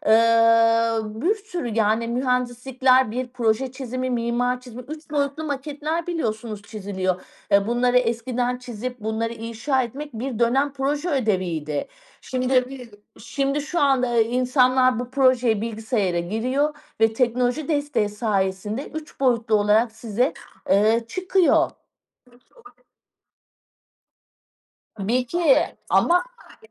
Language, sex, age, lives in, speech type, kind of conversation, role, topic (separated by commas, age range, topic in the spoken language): Turkish, female, 40-44, Portugal, conversation, podcast, guest, Teknolojinin öğrenme üzerindeki etkisini nasıl değerlendiriyorsun?